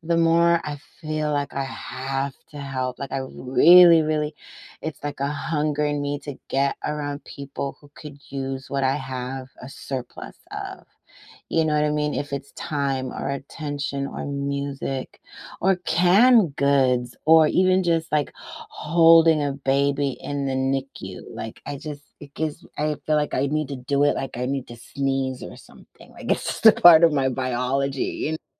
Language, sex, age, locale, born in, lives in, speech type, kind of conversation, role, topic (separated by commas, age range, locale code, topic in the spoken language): English, female, 45-49, en-US, United States, United States, conversation, unstructured, none, What are the benefits of volunteering locally?